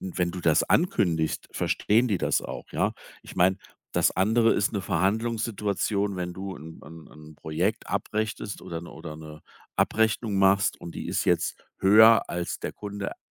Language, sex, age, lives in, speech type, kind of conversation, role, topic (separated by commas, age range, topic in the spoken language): German, male, 55-59, Germany, conversation, advice, advisor, Warum sehe ich trotz harter Arbeit keinen Fortschritt?